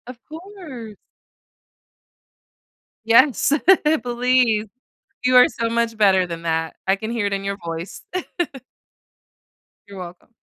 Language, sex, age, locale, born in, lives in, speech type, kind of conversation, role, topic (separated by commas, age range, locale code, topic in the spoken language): English, female, 30-34, en-US, United States, United States, conversation, advice, advisor, How can I improve communication with my partner and reduce misunderstandings?